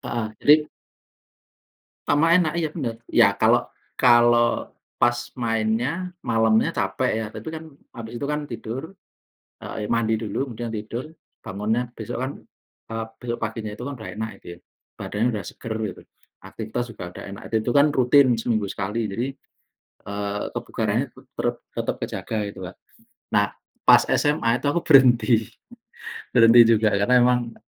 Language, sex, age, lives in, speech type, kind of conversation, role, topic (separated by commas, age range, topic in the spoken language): Indonesian, male, 40-44, Indonesia, conversation, unstructured, none, Apa yang kamu rasakan saat berhasil mencapai target olahraga?